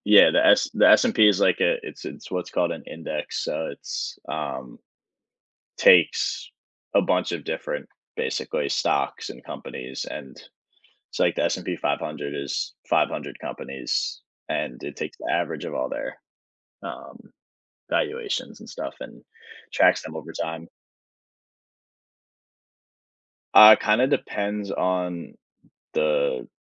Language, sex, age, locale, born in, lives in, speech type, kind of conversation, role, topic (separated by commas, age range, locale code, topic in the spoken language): English, male, 20-24, en-US, United States, United States, conversation, podcast, guest, What steps can help someone create and achieve meaningful financial goals?